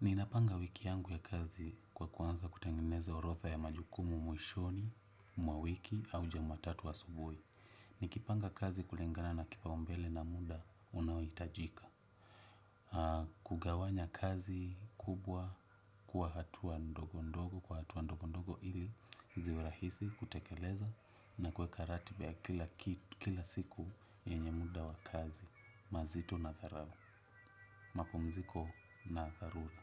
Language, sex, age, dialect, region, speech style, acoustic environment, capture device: Swahili, male, 25-34, Kenya - Nairobi Sheng-influenced, Kenya, happy; relaxed, nature; silence, desktop / Windows / Chrome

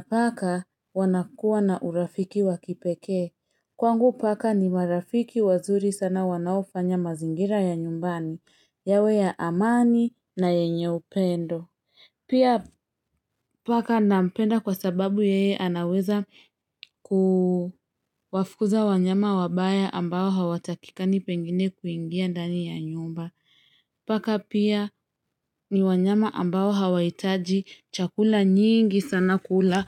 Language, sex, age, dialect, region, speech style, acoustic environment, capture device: Swahili, female, 25-34, Kenya - Nairobi Sheng-influenced, Kenya, happy; excited, people talking; traffic, mobile / Linux / Chrome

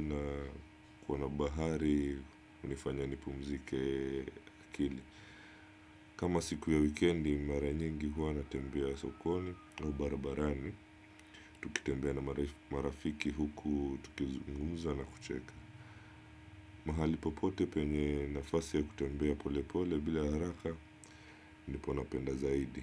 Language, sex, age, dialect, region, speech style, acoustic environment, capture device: Swahili, male, 25-34, Kenya - Mombasa, Kenya, happy; focused; relaxed; excited, silence; nature; appliances, desktop / Windows / Chrome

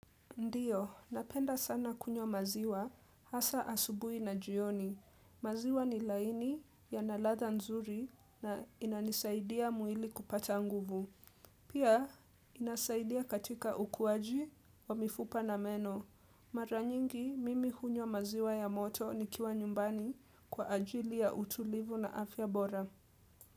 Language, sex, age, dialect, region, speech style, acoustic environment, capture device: Swahili, female, 18-24, Kenya - Nairobi Sheng-influenced, Kenya, relaxed, silence, desktop / Windows / Edge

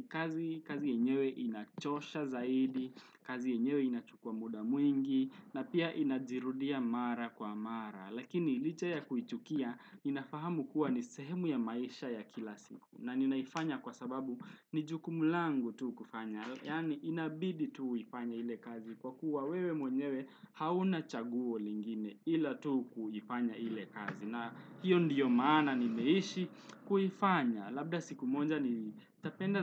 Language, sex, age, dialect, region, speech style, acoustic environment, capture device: Swahili, male, 18-24, Kenya - Nairobi Sheng-influenced, Kenya, happy; relaxed, traffic, desktop / Windows / Chrome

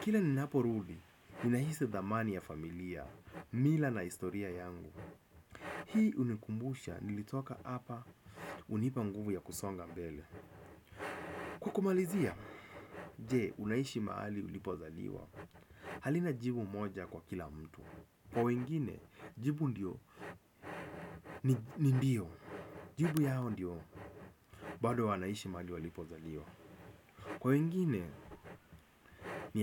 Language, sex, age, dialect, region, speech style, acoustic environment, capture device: Swahili, male, 25-34, Kenya - Nairobi Sheng-influenced, Kenya, relaxed; focused, people talking; nature; traffic; construction; appliances, desktop / Windows / Chrome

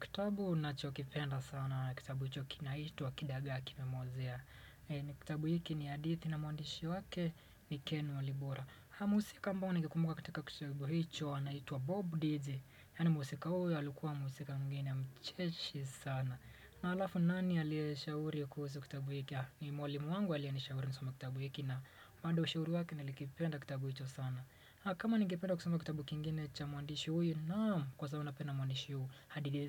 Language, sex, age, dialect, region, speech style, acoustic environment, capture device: Swahili, male, 25-34, Kenya - Mombasa, Kenya, relaxed, silence, desktop / Windows / Chrome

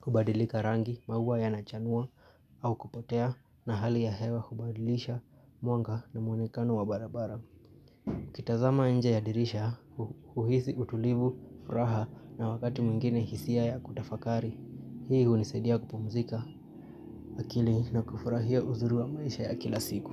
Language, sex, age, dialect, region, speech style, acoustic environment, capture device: Swahili, male, 25-34, Kenya - Nairobi Sheng-influenced, Kenya, tired, appliances, mobile / Linux / Chrome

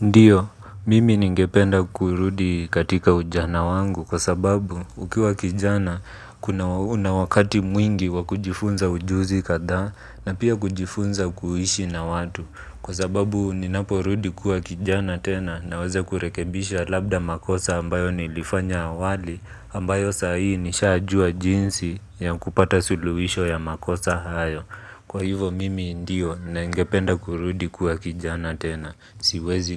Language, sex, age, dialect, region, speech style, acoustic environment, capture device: Swahili, male, 25-34, Kenya - Nairobi Sheng-influenced, Kenya, relaxed, appliances, mobile / Linux / Chrome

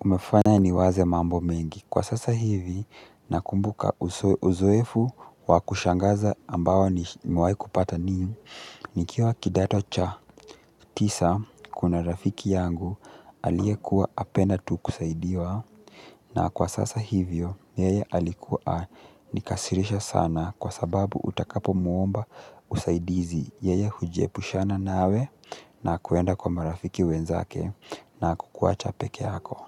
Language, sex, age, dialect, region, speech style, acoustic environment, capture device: Swahili, male, 18-24, Kenya - Mombasa, Kenya, relaxed; excited, silence; nature, mobile / Linux / Chrome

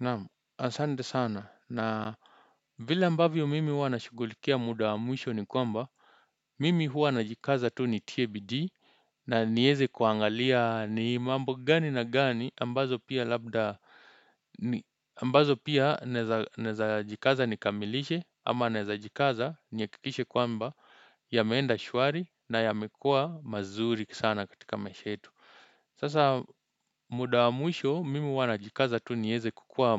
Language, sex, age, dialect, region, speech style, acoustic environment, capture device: Swahili, male, 25-34, Kenya - Nairobi Sheng-influenced, Kenya, happy, silence, desktop / Windows / Chrome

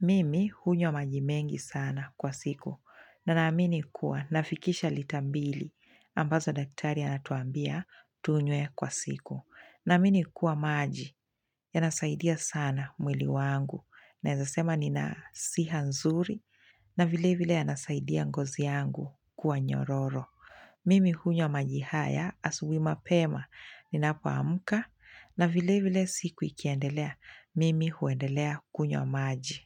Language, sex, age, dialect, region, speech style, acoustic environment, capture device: Swahili, female, 25-34, Kenya - Mombasa, Kenya, focused, silence, mobile / Linux / Chrome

